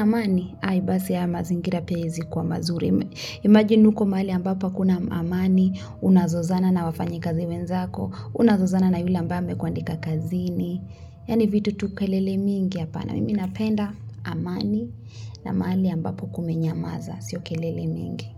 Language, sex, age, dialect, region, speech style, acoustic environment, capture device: Swahili, female, 25-34, Kenya - Nairobi Sheng-influenced, Kenya, relaxed, music, mobile / Linux / Chrome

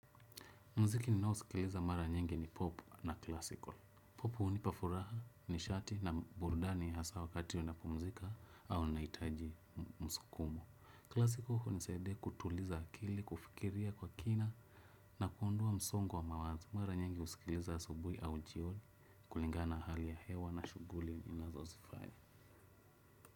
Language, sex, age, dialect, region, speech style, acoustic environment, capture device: Swahili, male, 25-34, Kenya - Nairobi Sheng-influenced, Kenya, relaxed, silence, desktop / Windows / Chrome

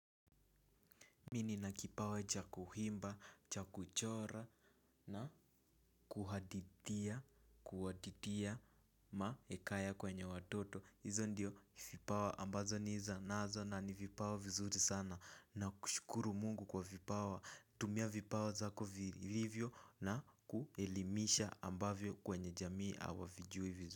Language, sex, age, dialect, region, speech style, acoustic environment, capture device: Swahili, male, 18-24, Kenya - Nairobi Sheng-influenced, Kenya, nervous; excited; focused; relaxed, nature, mobile / Linux / Chrome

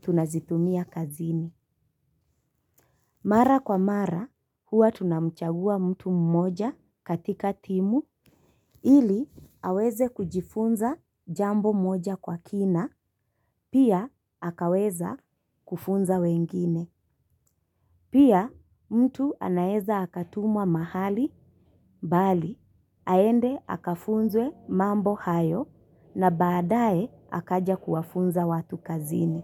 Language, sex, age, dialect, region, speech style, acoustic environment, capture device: Swahili, female, 25-34, Kenya - Mombasa, Kenya, relaxed, nature, mobile / Linux / Chrome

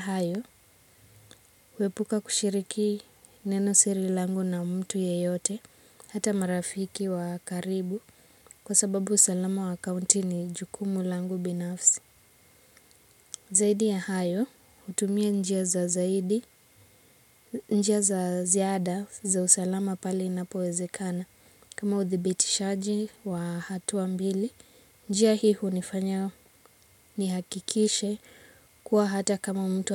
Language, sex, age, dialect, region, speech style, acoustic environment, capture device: Swahili, female, 18-24, Kenya - Nairobi Sheng-influenced, Kenya, relaxed, nature, mobile / Linux / Chrome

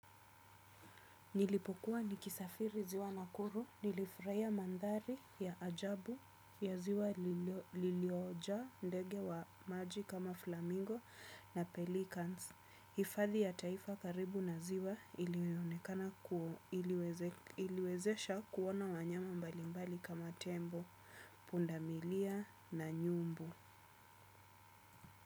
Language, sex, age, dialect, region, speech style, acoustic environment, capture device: Swahili, female, 25-34, Kenya - Nairobi Sheng-influenced, Kenya, relaxed, silence, desktop / Windows / Chrome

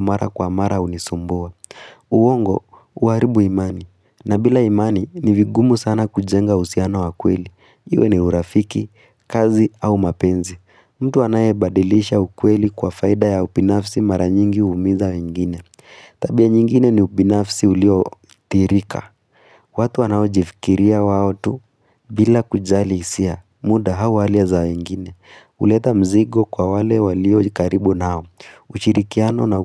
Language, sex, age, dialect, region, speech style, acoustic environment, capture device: Swahili, female, 18-24, Kenya - Nairobi Sheng-influenced, Kenya, relaxed, silence, mobile / Linux / Chrome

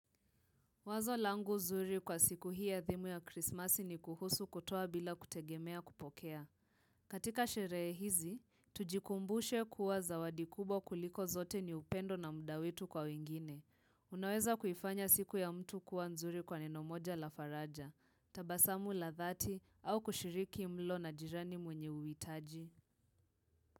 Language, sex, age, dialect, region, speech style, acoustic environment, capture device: Swahili, female, 18-24, Kenya - Nairobi Sheng-influenced, Kenya, tired, silence, mobile / Linux / Chrome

